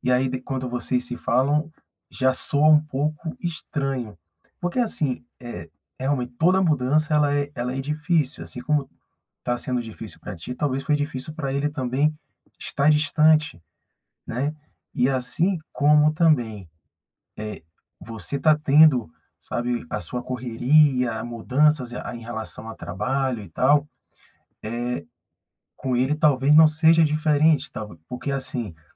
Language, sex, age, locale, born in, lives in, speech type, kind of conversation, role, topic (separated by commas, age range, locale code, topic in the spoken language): Portuguese, male, 40-44, pt-BR, Brazil, Portugal, conversation, advice, advisor, Como posso manter uma amizade depois de me mudar de cidade ou de país?